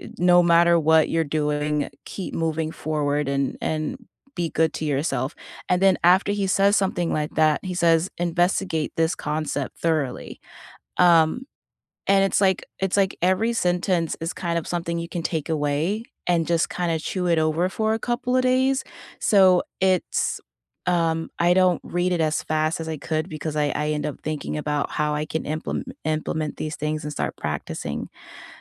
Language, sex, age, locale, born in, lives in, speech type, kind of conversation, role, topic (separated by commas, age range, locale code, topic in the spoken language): English, female, 30-34, en-US, United States, United States, conversation, unstructured, none, What is one simple habit that improved your life this year?